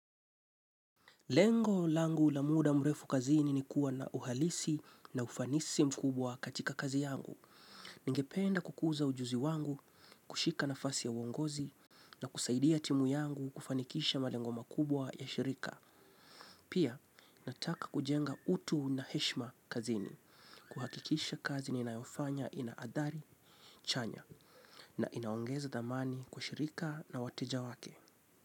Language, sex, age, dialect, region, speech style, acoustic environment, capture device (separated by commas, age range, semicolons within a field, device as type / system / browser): Swahili, male, 25-34, Kenya - Mombasa, Kenya, relaxed; stressed, silence, mobile / Linux / Chrome